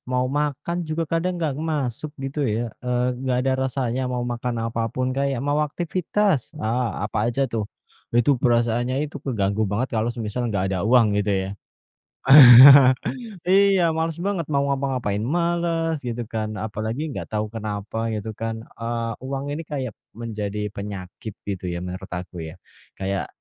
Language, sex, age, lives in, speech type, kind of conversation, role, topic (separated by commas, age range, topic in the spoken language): Indonesian, male, 30-34, Indonesia, conversation, unstructured, none, Apa yang membuat orang sering merasa stres tentang uang?